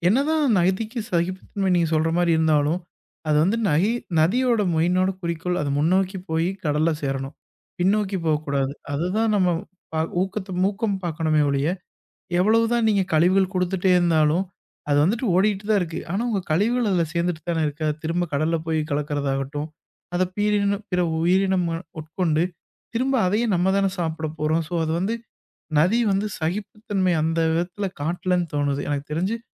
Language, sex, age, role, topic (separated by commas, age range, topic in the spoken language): Tamil, male, 25-29, guest, நதியின் ஓட்டம் உங்களுக்கு எந்த பாடத்தை கற்றுக்கொடுத்தது?